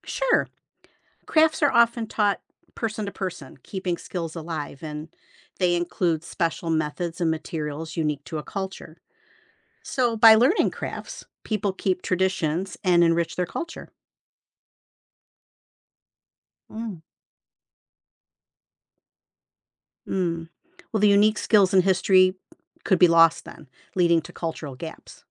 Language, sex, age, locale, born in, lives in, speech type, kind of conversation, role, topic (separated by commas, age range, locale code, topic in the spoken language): English, female, 55-59, en-US, United States, United States, read, expert-assistant, expert, Why are traditional crafts important for preserving culture?